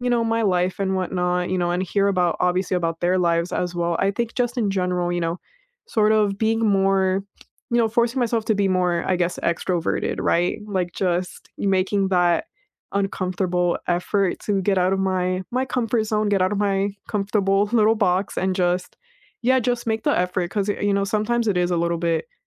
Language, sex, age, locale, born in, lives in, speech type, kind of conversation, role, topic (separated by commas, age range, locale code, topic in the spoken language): English, female, 20-24, en-US, United States, United States, conversation, unstructured, none, When have you felt truly seen by someone?